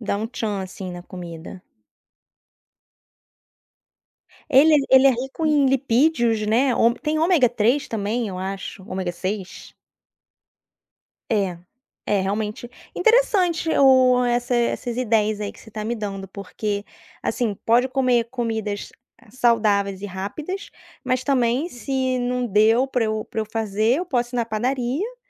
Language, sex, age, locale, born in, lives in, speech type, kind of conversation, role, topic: Portuguese, female, 35-39, pt-BR, Brazil, France, conversation, podcast, host, Como escolher refeições rápidas e saudáveis no dia a dia?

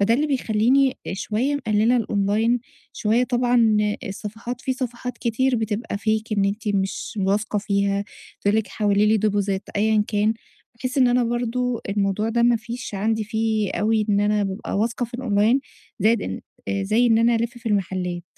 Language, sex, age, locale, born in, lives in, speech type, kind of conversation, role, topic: Arabic, female, 30-34, ar-EG, Egypt, Egypt, conversation, advice, user, إزاي أشتري حاجات كويسة من غير ما أضيّع وقتي ولا فلوسي؟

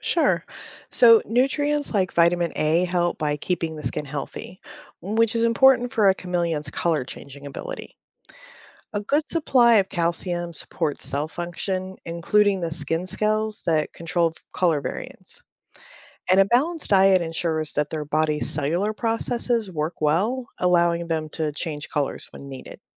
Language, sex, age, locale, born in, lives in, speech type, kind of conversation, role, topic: English, female, 45-49, en-US, United States, United States, read, expert-assistant, expert, How do chameleons change color?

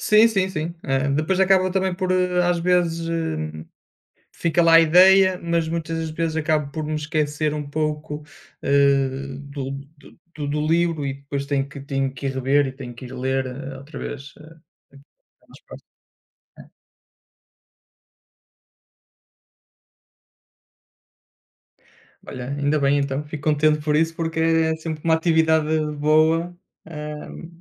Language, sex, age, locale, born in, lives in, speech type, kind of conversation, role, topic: Portuguese, male, 30-34, pt-PT, Portugal, Portugal, conversation, unstructured, none, Você já mudou algo em você para se sentir melhor?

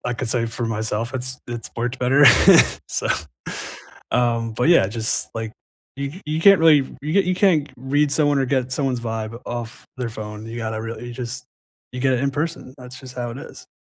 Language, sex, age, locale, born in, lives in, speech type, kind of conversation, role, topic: English, male, 25-29, en-US, United States, United States, conversation, unstructured, none, How has technology changed your daily work, and which changes help or hinder you?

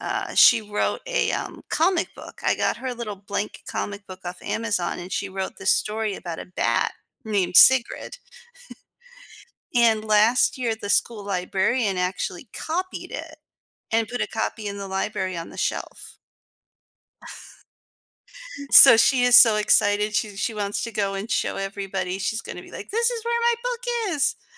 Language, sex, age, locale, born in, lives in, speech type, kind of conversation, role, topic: English, female, 40-44, en-US, United States, United States, conversation, advice, user, How can I improve my social confidence?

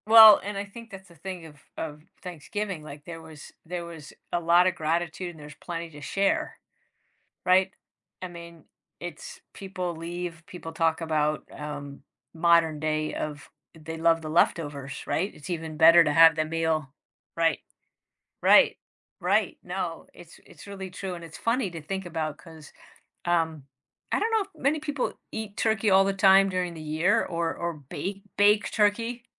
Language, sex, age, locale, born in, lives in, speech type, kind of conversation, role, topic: English, female, 55-59, en-US, United States, United States, conversation, podcast, host, Why are cultural traditions important to your identity and daily life?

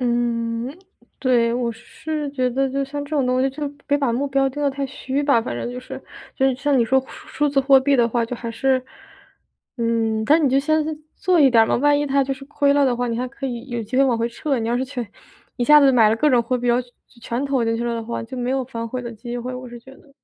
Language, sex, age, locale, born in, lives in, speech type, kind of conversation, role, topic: Chinese, female, 25-29, zh-CN, China, United States, conversation, advice, advisor, 我该如何评估自己的财务风险，并确保长期生活安全？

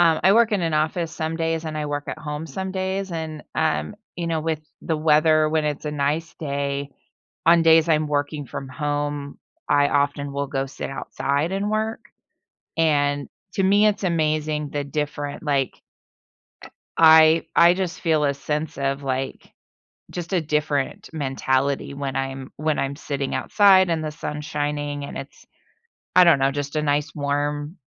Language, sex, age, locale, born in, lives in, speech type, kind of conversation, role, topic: English, female, 45-49, en-US, United States, United States, conversation, podcast, guest, What habits or routines help you manage stress at work?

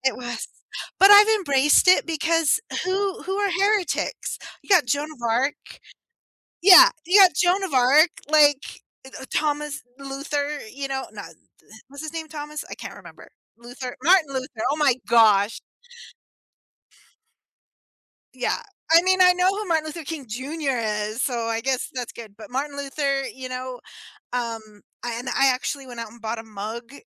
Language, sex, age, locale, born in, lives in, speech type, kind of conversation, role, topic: English, female, 45-49, en-US, United States, United States, conversation, unstructured, none, How have your beliefs, doubts, and search for meaning shaped who you are?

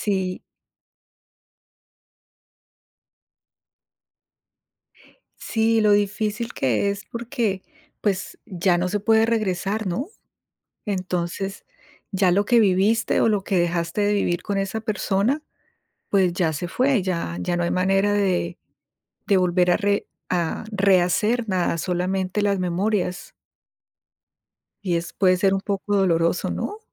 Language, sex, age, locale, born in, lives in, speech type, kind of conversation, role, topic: Spanish, female, 50-54, es-CO, Colombia, United States, conversation, unstructured, none, ¿Cuál es tu tradición cultural favorita y por qué?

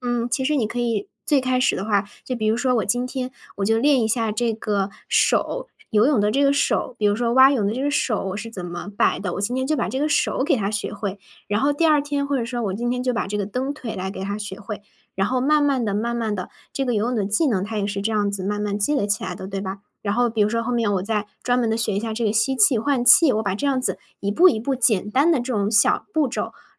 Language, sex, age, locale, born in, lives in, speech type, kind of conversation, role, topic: Chinese, female, 20-24, zh-CN, China, Germany, conversation, advice, advisor, 过去的失败经历如何让你害怕再次尝试新技能或爱好？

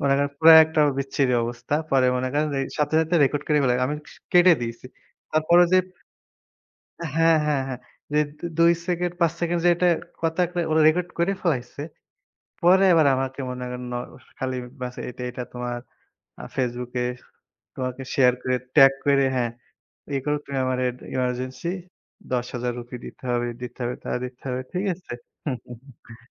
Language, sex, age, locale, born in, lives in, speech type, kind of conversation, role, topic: Bengali, male, 25-29, bn-BD, Bangladesh, Bangladesh, conversation, unstructured, none, সামাজিক মাধ্যমে ছড়ানো ভুল তথ্যের প্রভাব কতটা বড়?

